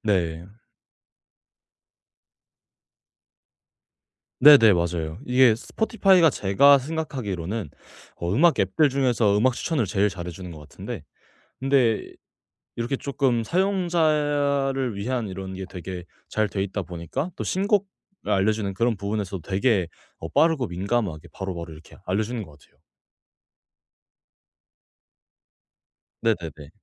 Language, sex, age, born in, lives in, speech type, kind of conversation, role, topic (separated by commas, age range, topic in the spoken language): Korean, male, 18-19, South Korea, Japan, conversation, podcast, guest, 요즘은 주로 어떤 방법으로 신곡을 찾아 들으시나요?